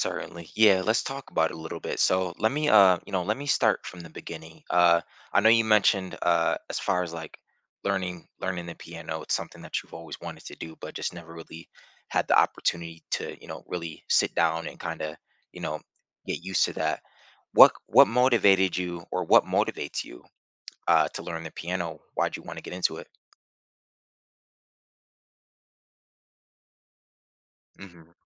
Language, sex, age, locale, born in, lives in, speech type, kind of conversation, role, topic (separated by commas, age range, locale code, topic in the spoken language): English, male, 30-34, en-US, United States, United States, conversation, advice, advisor, How can I build a consistent, effective practice routine to learn a new skill?